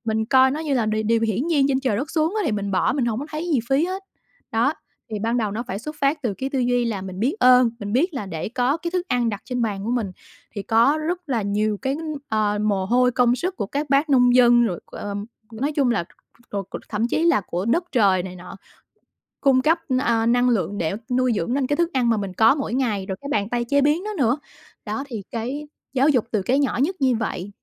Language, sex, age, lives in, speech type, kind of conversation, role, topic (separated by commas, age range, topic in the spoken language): Vietnamese, female, 35-39, Vietnam, conversation, podcast, guest, Bạn làm thế nào để giảm lãng phí thức ăn mỗi tuần?